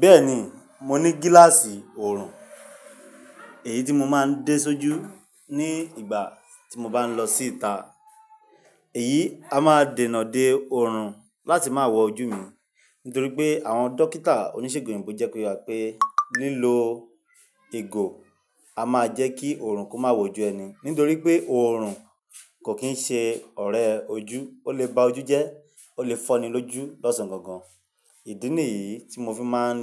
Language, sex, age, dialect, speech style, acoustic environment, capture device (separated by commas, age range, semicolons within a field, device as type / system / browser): Yoruba, male, 25-34, Nigeria - Nigerian Standard, happy, music; nature, mobile / Linux / Chrome